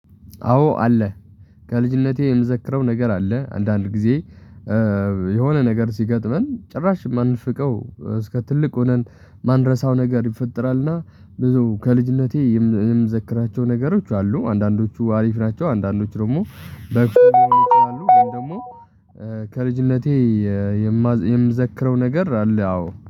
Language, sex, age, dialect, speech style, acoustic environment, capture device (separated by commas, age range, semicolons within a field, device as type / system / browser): Amharic, male, 18-24, Ethiopia - Tigray, relaxed, traffic, mobile / Linux / Chrome